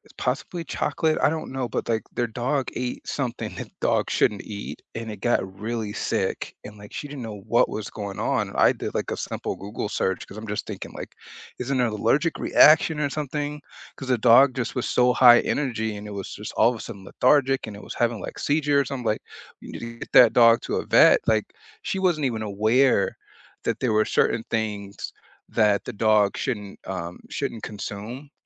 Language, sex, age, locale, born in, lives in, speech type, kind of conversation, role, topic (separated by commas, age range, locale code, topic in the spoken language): English, male, 40-44, en-US, United States, United States, conversation, unstructured, none, What should you consider before adopting a pet?